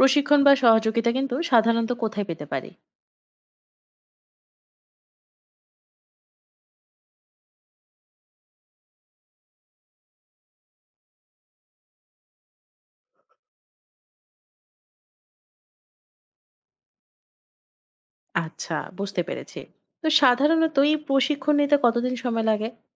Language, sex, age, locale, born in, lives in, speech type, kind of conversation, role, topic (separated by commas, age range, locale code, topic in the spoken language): Bengali, female, 25-29, bn-BD, Bangladesh, Finland, read, expert-assistant, asker, কেউ যদি কৃষিকাজে শুধু নিজের সুবিধা দেখে, সেটা কি নৈতিকভাবে ঠিক?